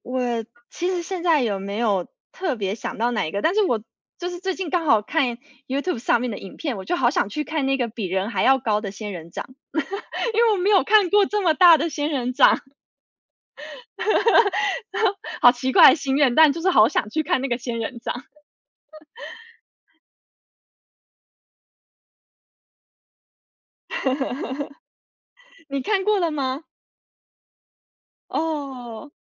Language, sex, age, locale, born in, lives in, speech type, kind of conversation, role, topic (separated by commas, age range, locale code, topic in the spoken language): Chinese, female, 30-34, zh-TW, United States, United States, conversation, unstructured, none, 你觉得什么样的旅行最让人难忘？